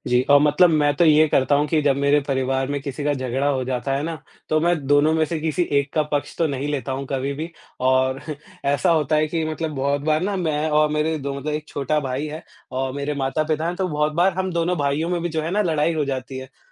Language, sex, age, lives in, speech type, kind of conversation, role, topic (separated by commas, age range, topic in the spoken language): Hindi, male, 20-24, India, conversation, unstructured, none, परिवार में मतभेद कैसे सुलझाए जा सकते हैं?